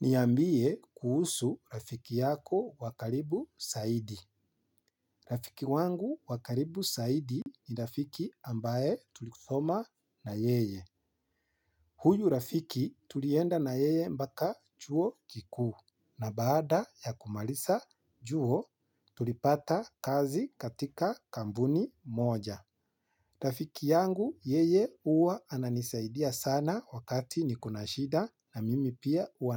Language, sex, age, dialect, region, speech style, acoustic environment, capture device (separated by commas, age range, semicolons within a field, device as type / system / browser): Swahili, male, 25-34, Kenya - Nairobi Sheng-influenced, Kenya, happy, nature, mobile / Linux / Chrome